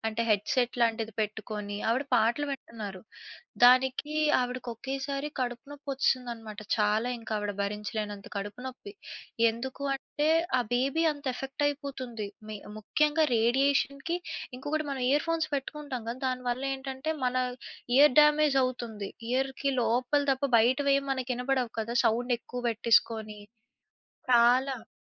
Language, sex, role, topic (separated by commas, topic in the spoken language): Telugu, female, guest, స్మార్ట్‌ఫోన్లు మరో పది సంవత్సరాల్లో ఎలా మారుతాయనిపిస్తుంది?